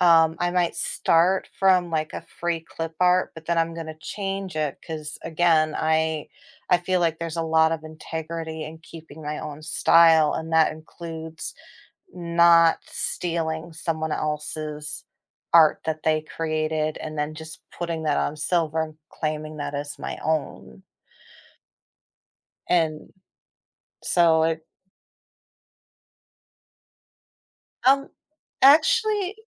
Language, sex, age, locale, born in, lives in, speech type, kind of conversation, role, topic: English, female, 40-44, en-US, United States, United States, conversation, podcast, guest, What factors help someone discover and express their personal style?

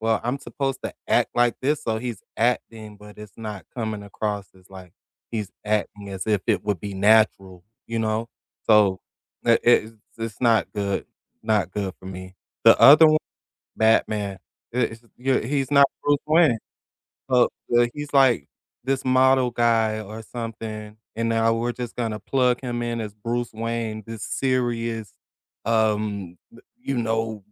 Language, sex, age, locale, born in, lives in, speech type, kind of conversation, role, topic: English, male, 40-44, en-US, United States, United States, conversation, unstructured, none, How do you judge a movie when a beloved actor feels miscast—by the casting, the performance, or the film overall?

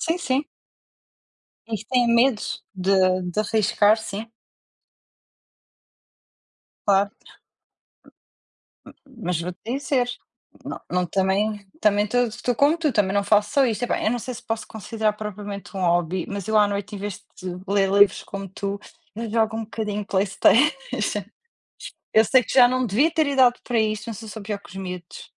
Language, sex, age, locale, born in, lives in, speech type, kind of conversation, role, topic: Portuguese, female, 30-34, pt-PT, Portugal, Portugal, conversation, unstructured, none, Como seus hobbies ajudam a reduzir o estresse?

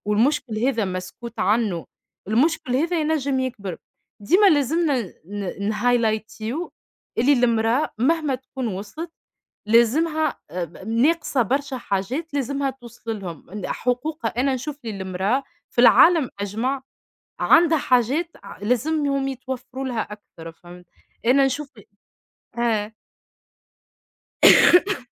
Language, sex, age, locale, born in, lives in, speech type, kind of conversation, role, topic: Arabic, female, 25-29, ar-TN, Tunisia, Portugal, conversation, unstructured, none, إيه رأيك في دور الست في المجتمع دلوقتي؟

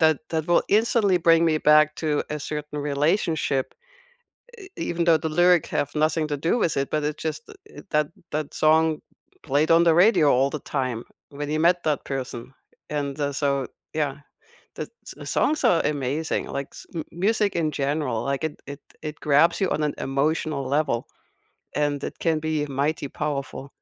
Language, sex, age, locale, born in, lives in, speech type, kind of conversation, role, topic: English, female, 55-59, en-DE, Germany, United States, conversation, unstructured, none, Which song instantly takes you back to a vivid moment, and what makes that memory meaningful?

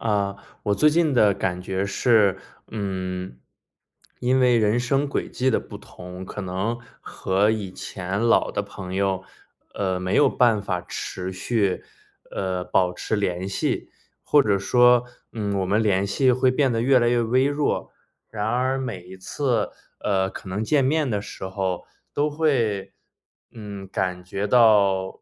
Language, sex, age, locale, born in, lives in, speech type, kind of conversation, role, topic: Chinese, male, 30-34, zh-CN, China, United States, conversation, advice, user, 怎么重新建立并维持与朋友的社交联系？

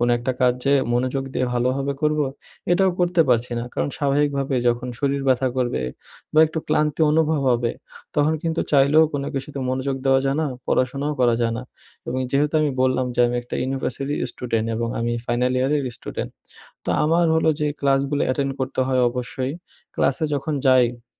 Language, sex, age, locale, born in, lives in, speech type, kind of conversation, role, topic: Bengali, male, 20-24, bn-BD, Bangladesh, Bangladesh, conversation, advice, user, অতিরিক্ত ব্যায়ামের কারণে ক্লান্তি ও বারবার পেশীতে ব্যথা হলে কী করা উচিত?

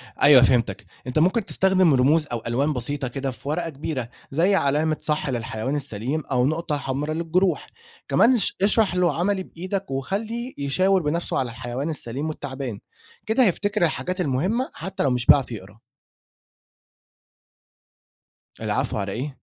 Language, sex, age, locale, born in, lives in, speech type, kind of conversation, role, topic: Arabic, male, 20-24, ar-EG, Egypt, Egypt, read, expert-assistant, expert, لو معاك مزرعة صغيرة، إيه الحاجات اللي ممكن تعملها عشان تخلّي المواشي بصحة كويسة وتنتج أكتر؟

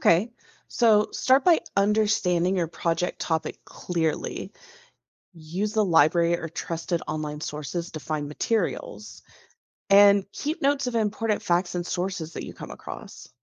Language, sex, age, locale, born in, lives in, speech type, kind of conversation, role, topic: English, female, 30-34, en-US, United States, United States, read, expert-assistant, expert, How can research be conducted effectively for a project?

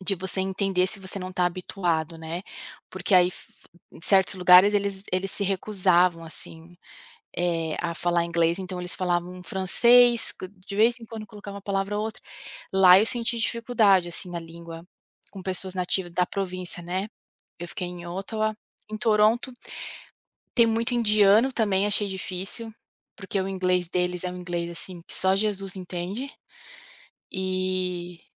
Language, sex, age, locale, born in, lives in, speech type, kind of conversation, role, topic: Portuguese, female, 35-39, pt-BR, Brazil, United States, conversation, podcast, guest, Que perspectiva você ganhou ao conhecer lugares diferentes?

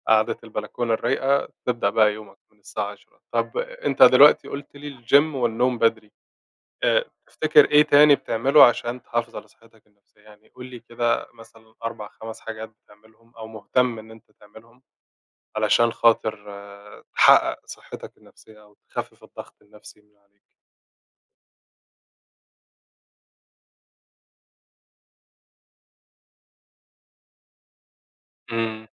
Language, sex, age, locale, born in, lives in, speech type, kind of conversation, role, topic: Arabic, male, 25-29, ar-EG, Egypt, Egypt, conversation, podcast, host, إزاي بتعتني بصحتك النفسية كل يوم؟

